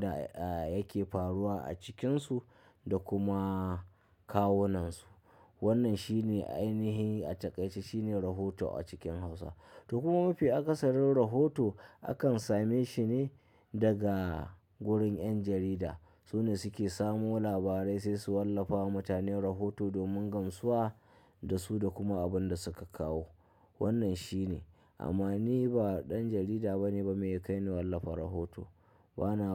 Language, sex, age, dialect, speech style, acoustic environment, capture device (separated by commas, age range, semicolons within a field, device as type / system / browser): Hausa, male, 25-34, Nigeria - Kano, happy, people talking, mobile / Linux / Chrome